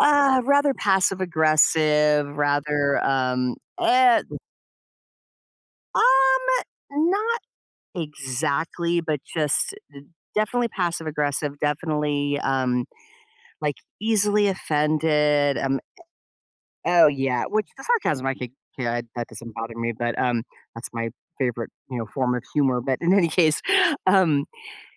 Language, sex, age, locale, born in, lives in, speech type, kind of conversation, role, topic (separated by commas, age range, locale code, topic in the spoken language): English, female, 55-59, en-US, United States, United States, conversation, advice, user, How can I keep resolving conflicts peacefully and use that to strengthen my relationships?